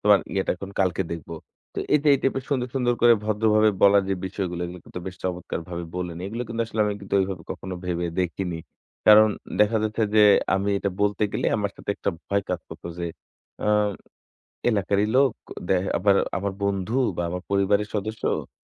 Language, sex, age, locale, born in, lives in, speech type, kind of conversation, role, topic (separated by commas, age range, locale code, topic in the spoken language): Bengali, male, 25-29, bn-BD, Bangladesh, Bangladesh, conversation, advice, user, আপনি কেন ‘না’ বলতে পারেন না এবং ব্যক্তিগত সীমা নির্ধারণে কী কী সমস্যার মুখোমুখি হন?